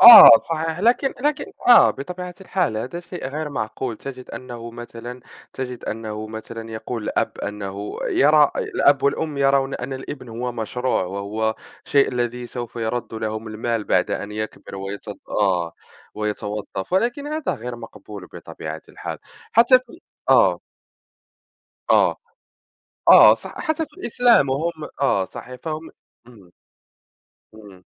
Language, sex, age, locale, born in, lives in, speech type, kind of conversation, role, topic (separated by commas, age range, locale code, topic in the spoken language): Arabic, male, 20-24, ar-MA, Morocco, France, conversation, unstructured, none, إزاي تختار الشغل اللي مناسب ليك في حياتك؟